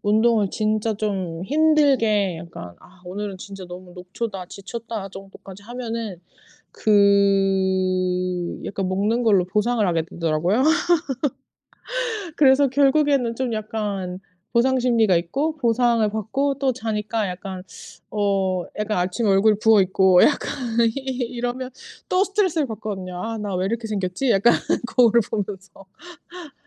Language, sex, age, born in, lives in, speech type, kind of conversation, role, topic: Korean, female, 35-39, South Korea, United States, conversation, unstructured, none, 운동은 정신 건강에 어떤 영향을 미치나요?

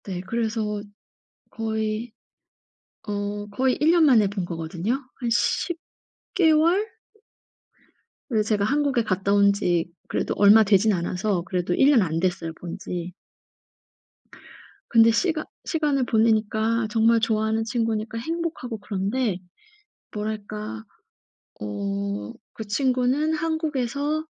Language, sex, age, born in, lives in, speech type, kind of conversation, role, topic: Korean, female, 35-39, South Korea, Germany, conversation, advice, user, 친구와의 우정이 점점 멀어지는 것이 왜 이렇게 슬픈가요?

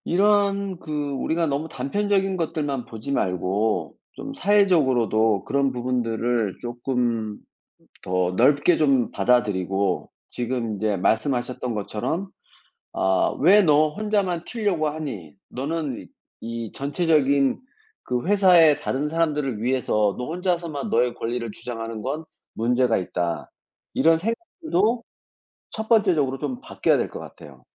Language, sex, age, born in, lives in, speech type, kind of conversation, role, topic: Korean, male, 55-59, South Korea, France, conversation, unstructured, none, 노동자 권리가 제대로 보호받지 못하는 이유는 무엇일까요?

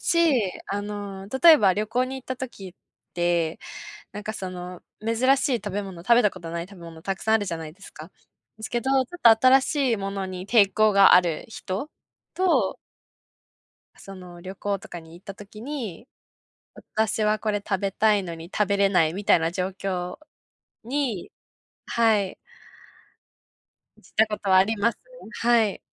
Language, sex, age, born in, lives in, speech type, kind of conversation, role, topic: Japanese, female, 20-24, Japan, Japan, conversation, unstructured, none, 食べ物の好き嫌いが原因で家族とケンカしたことはありますか？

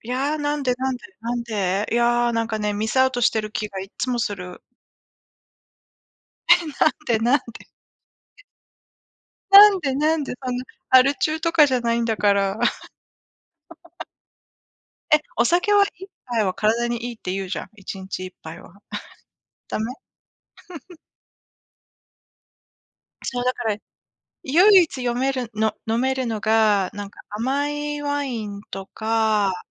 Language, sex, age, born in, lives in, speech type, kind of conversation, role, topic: Japanese, female, 45-49, Japan, United States, conversation, unstructured, none, 仕事や学校の後は、どのようにリラックスしていますか？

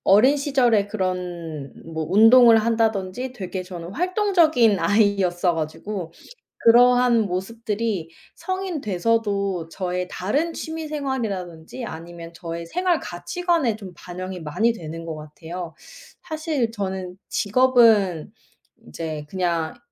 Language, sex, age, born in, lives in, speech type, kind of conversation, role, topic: Korean, female, 25-29, South Korea, United States, conversation, unstructured, none, 어린 시절에 가장 좋아했던 놀이 활동은 지금의 당신에게 어떤 영향을 미쳤나요?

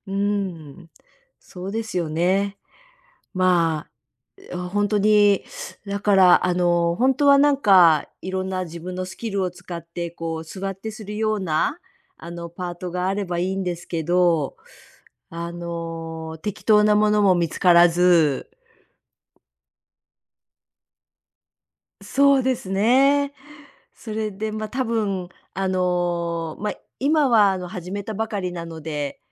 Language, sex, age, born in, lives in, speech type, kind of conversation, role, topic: Japanese, female, 60-64, Japan, United States, conversation, advice, user, 家庭と仕事の両立で追われていると感じるのはなぜですか？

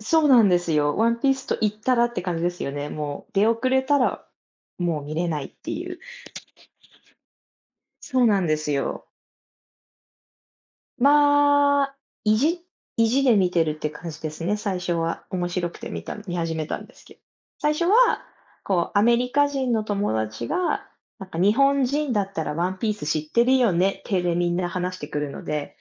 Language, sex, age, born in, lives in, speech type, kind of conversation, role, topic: Japanese, female, 30-34, Japan, United States, conversation, unstructured, none, 子どものころに好きだったアニメは何ですか？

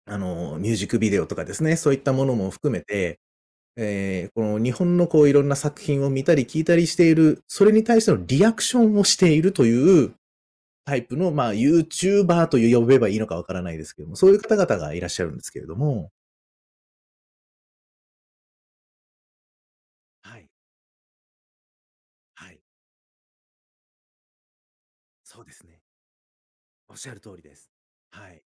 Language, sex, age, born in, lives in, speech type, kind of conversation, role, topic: Japanese, male, 35-39, Japan, Japan, conversation, podcast, guest, あなたの好きなアニメが特別だと感じるのは、なぜですか？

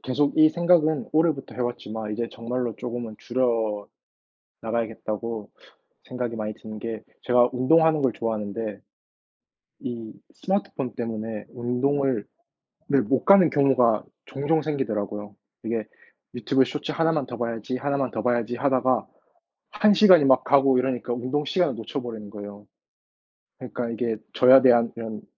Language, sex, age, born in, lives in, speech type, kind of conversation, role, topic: Korean, male, 25-29, South Korea, United States, conversation, unstructured, none, 일주일 동안 스마트폰 없이 지내면 어떤 변화가 생길까요?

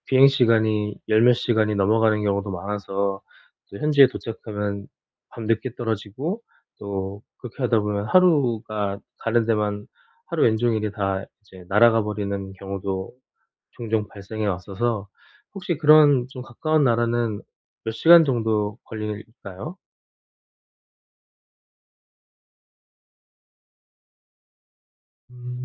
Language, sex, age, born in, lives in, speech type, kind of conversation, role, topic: Korean, male, 40-44, South Korea, South Korea, conversation, advice, user, 짧은 휴가를 가장 효율적으로 보내는 방법은 무엇인가요?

